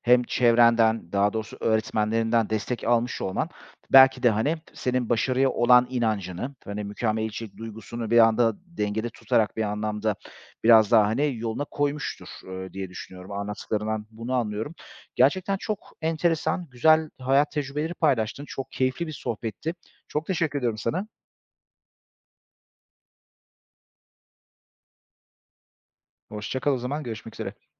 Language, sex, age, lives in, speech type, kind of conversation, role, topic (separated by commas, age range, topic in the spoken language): Turkish, male, 35-39, Greece, conversation, podcast, host, Mükemmeliyetçilik seni durdurduğunda ne yaparsın?